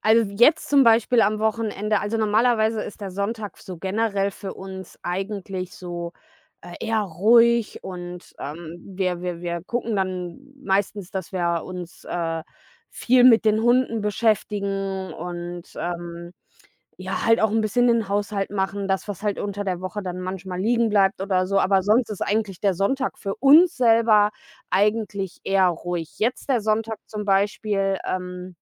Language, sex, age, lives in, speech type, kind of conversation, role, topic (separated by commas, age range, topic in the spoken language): German, female, 30-34, Germany, conversation, unstructured, none, Was macht für dich einen perfekten Sonntag aus?